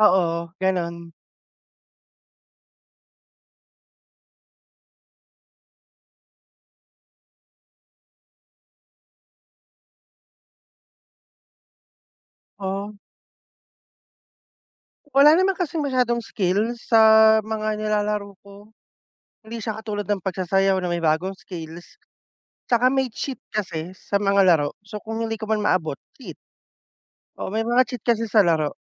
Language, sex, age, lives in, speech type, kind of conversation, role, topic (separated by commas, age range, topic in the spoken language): Filipino, male, 30-34, Philippines, conversation, unstructured, none, Ano ang nararamdaman at ginagawa mo kapag nawawala ang gana mo sa paborito mong libangan at nahihirapan kang ipagpatuloy o paghusayin ito?